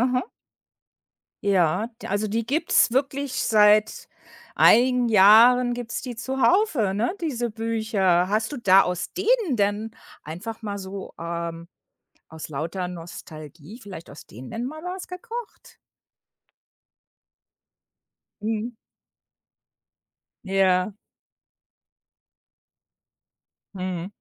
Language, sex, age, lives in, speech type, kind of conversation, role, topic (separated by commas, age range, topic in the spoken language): German, female, 55-59, United States, conversation, podcast, host, Wie bringt man Kindern den Spaß am Kochen bei?